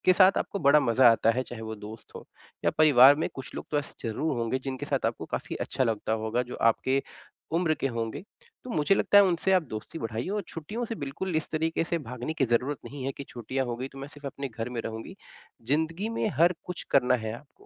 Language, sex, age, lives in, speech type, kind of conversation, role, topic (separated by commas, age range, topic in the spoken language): Hindi, male, 25-29, India, conversation, advice, advisor, मैं छुट्टियों के दौरान होने वाले तनाव और अपेक्षाओं से कैसे निपटूँ?